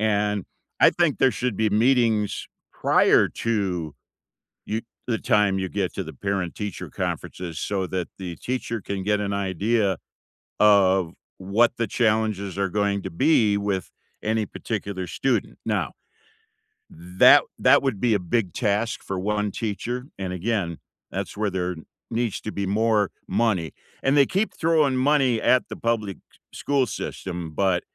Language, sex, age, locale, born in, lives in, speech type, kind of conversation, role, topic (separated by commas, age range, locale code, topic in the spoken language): English, male, 65-69, en-US, United States, United States, conversation, unstructured, none, What would you include in your dream classroom, and why would those choices matter to you?